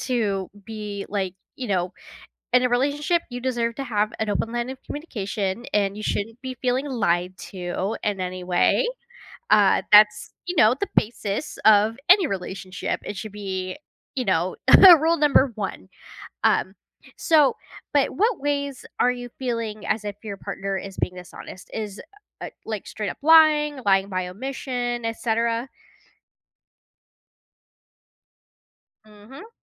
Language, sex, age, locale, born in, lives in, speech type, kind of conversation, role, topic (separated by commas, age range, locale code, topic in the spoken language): English, female, 30-34, en-US, United States, United States, conversation, advice, advisor, How can I address ongoing dishonesty from my partner?